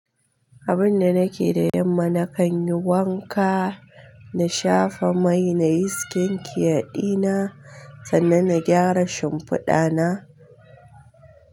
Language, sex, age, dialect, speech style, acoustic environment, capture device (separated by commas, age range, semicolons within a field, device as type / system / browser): Hausa, female, 25-34, Nigeria - Kano, relaxed, silence, mobile / Linux / Chrome